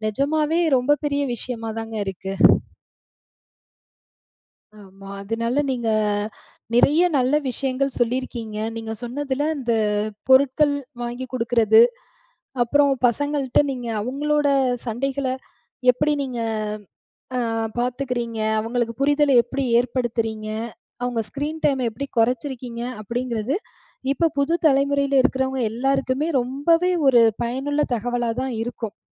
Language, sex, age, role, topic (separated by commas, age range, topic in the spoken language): Tamil, female, 35-39, host, குழந்தைகளின் திரை நேரத்தை எப்படிக் கட்டுப்படுத்தலாம்?